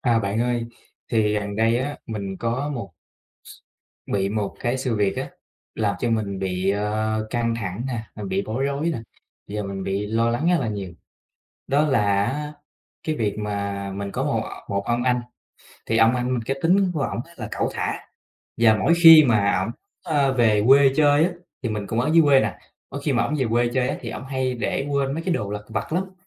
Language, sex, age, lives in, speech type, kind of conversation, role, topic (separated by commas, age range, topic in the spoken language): Vietnamese, male, 25-29, Vietnam, conversation, advice, user, Bạn đang cảm thấy căng thẳng như thế nào sau cuộc cãi vã với người thân?